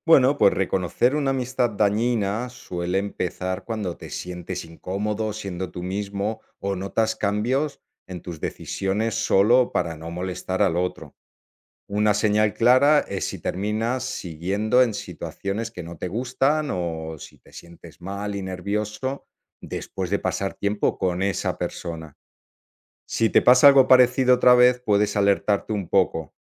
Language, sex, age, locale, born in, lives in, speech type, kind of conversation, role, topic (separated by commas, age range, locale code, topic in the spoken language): Spanish, male, 45-49, es-ES, Spain, Italy, read, expert-assistant, expert, ¿Qué papel juega la amistad en el crecimiento personal?